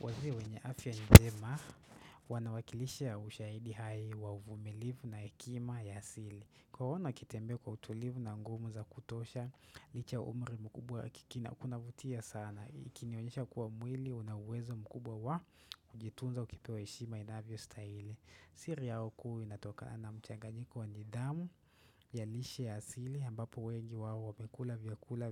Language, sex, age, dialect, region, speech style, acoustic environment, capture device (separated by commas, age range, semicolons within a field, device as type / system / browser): Swahili, male, 18-24, Kenya - Nairobi Sheng-influenced, Kenya, relaxed; focused, nature, desktop / Windows / Chrome